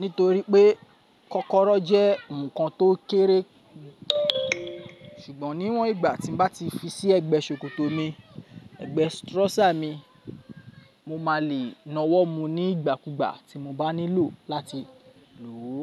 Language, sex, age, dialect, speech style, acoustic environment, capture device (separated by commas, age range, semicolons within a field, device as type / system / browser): Yoruba, male, 25-34, Nigeria - Lagos Yoruba, focused, people talking, mobile / Linux / Chrome